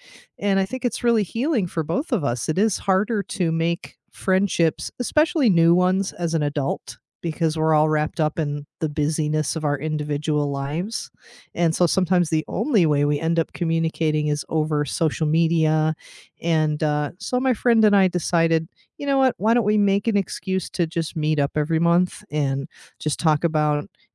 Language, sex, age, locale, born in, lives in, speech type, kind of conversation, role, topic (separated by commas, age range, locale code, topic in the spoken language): English, female, 40-44, en-US, United States, United States, conversation, unstructured, none, What small daily traditions shape your day and deepen your connections with family, friends, or yourself?